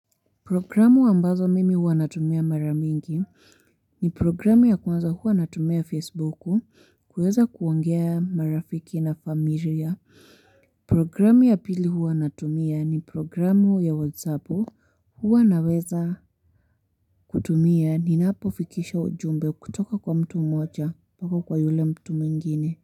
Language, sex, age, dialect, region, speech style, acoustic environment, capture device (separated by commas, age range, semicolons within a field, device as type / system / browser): Swahili, female, 18-24, Kenya - Nairobi Sheng-influenced, Kenya, happy; relaxed; focused, people talking; nature; construction, mobile / Linux / Chrome